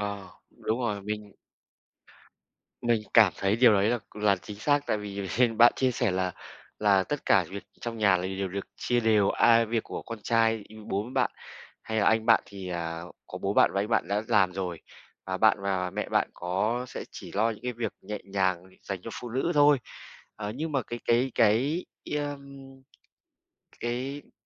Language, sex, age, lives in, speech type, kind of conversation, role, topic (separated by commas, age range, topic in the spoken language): Vietnamese, male, 35-39, Vietnam, conversation, podcast, host, Bạn nghĩ thế nào về việc chia sẻ công việc nhà trong gia đình?